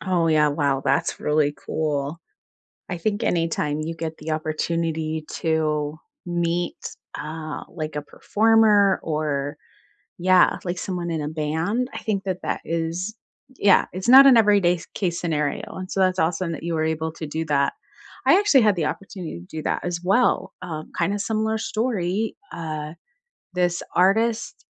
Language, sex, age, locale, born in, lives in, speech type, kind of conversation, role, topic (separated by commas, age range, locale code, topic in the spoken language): English, female, 45-49, en-US, United States, United States, conversation, unstructured, none, What is the most unforgettable live performance—concert or theater—you’ve ever experienced, and why did it stay with you?